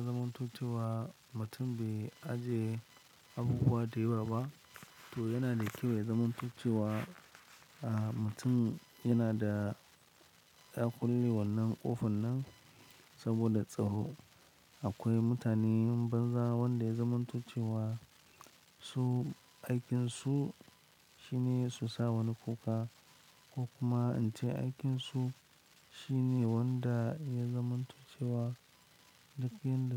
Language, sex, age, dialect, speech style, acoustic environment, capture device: Hausa, male, 25-34, Nigeria - Lagos Yoruba, happy; excited, silence, mobile / Linux / Chrome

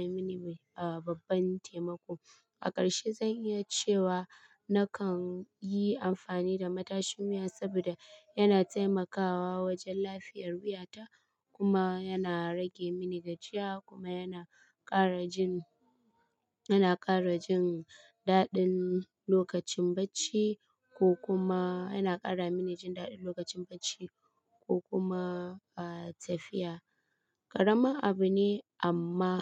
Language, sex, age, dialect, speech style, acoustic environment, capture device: Hausa, female, 25-34, Nigeria - Kano, happy; stressed; relaxed, people talking; appliances; silence, mobile / Linux / Chrome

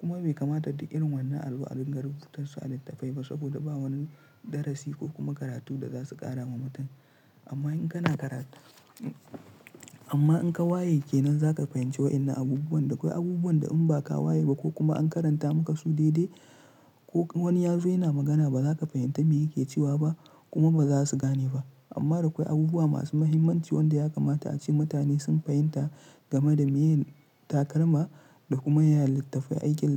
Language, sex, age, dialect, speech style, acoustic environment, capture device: Hausa, male, 25-34, Nigeria - Kano, relaxed; tired; stressed; focused, silence; nature, mobile / Linux / Chrome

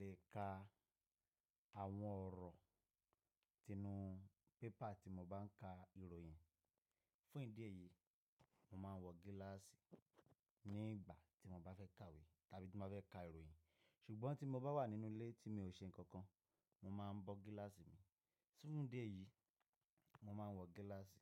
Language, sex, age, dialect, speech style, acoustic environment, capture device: Yoruba, male, 25-34, Nigeria - Nigerian Standard, happy, silence; nature, mobile / Linux / Chrome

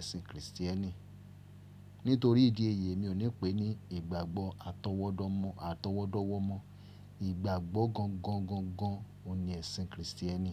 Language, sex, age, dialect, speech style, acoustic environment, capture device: Yoruba, male, 35-44, Nigeria - Ibadan, focused, people talking; appliances, mobile / Linux / Chrome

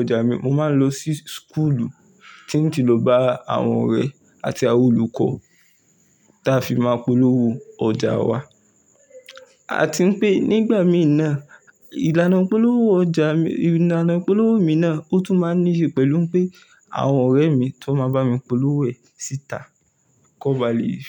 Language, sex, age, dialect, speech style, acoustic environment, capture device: Yoruba, male, 18-24, Nigeria - Ibadan, nervous, silence, mobile / Linux / Chrome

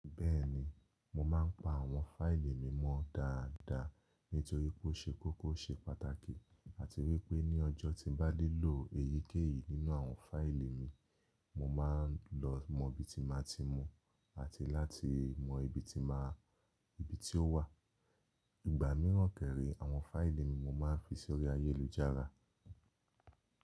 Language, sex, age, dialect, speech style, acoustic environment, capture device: Yoruba, male, 25-34, Nigeria - Lagos Yoruba, relaxed, nature, mobile / Linux / Chrome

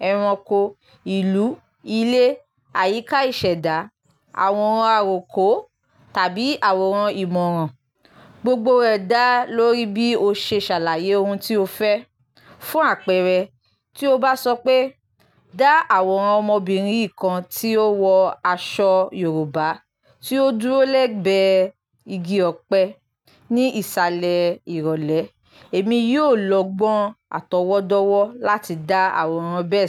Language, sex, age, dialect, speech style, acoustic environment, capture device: Yoruba, female, 25-34, Nigeria - Lagos Yoruba, happy, nature; silence, mobile / Linux / Chrome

